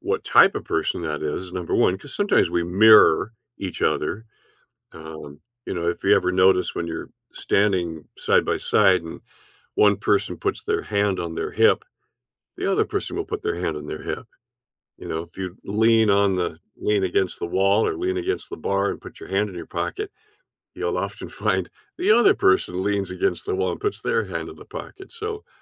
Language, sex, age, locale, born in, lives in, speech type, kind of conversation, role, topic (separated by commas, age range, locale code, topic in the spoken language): English, male, 70-74, en-US, Canada, United States, conversation, podcast, guest, How does nonverbal communication shape our interactions and understanding of others?